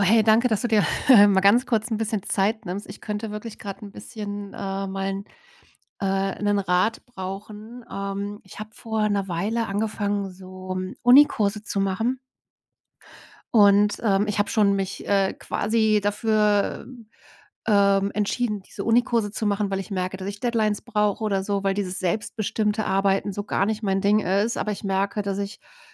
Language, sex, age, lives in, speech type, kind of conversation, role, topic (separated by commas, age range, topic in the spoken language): German, female, 40-44, Germany, conversation, advice, user, Wie kann ich länger fokussiert bleiben, wenn ich in Intervallen arbeite?